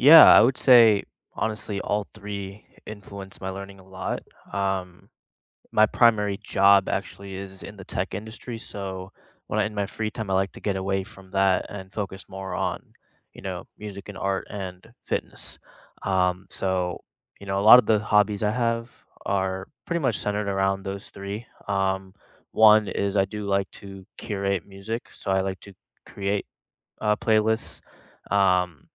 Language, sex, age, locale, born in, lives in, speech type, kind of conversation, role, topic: English, male, 25-29, en-US, India, United States, conversation, unstructured, none, How have music, art, or sports influenced your learning?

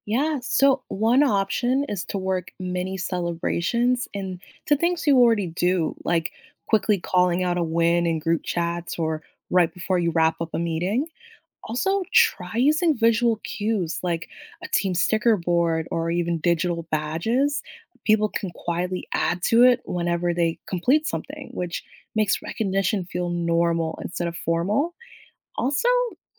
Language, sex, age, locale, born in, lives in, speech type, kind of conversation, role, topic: English, female, 25-29, en-US, United States, United States, read, expert-assistant, expert, What are some ways to celebrate small achievements?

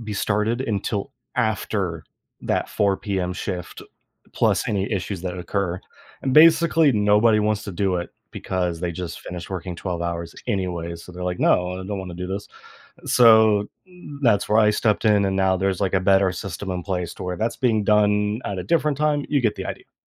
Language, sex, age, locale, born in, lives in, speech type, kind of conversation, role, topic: English, male, 25-29, en-US, United States, United States, conversation, unstructured, none, How can one find purpose when an old life plan stops fitting?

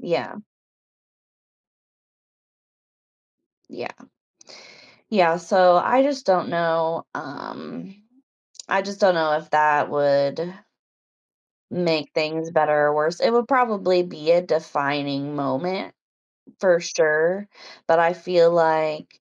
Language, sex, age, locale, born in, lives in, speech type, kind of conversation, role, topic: English, female, 30-34, en-US, United States, United States, conversation, advice, user, How can I resolve a disagreement with a close friend and repair our relationship?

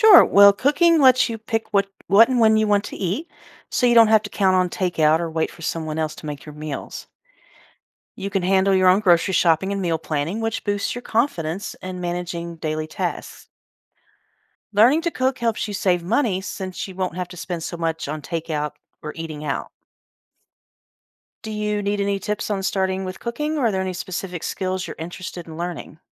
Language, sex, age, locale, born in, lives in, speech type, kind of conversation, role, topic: English, female, 50-54, en-US, United States, United States, read, expert-assistant, expert, How can learning to cook help you become more independent?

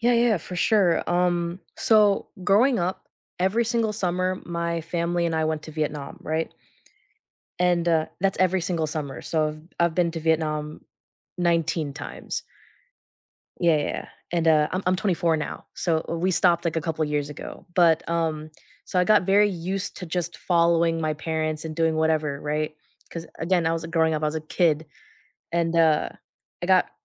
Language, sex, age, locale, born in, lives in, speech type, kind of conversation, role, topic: English, female, 20-24, en-US, United States, United States, conversation, podcast, guest, How can travel shape your perspective or personal growth?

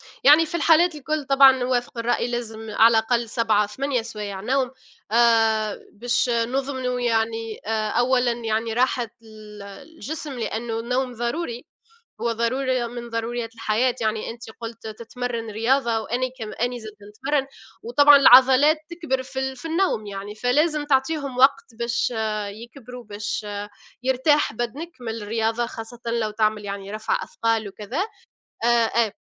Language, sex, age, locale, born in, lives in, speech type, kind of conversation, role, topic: Arabic, female, 25-29, ar-TN, Tunisia, United States, conversation, unstructured, none, إنت بتفضل تصحى بدري ولا تسهر بالليل، وليه؟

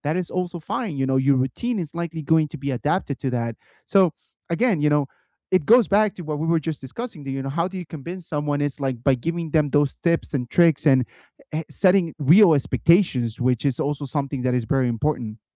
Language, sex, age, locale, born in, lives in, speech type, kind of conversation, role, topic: English, male, 30-34, en-US, United States, United States, conversation, unstructured, none, How can you convince someone to give a hobby a fair chance?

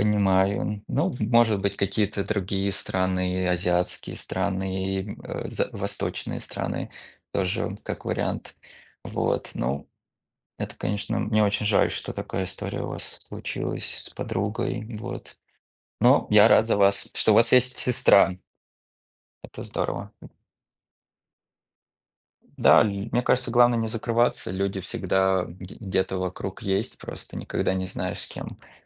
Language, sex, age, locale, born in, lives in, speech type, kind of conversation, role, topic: Russian, male, 30-34, ru-RU, Russia, United States, conversation, unstructured, none, Что для тебя значит слово «дружба»?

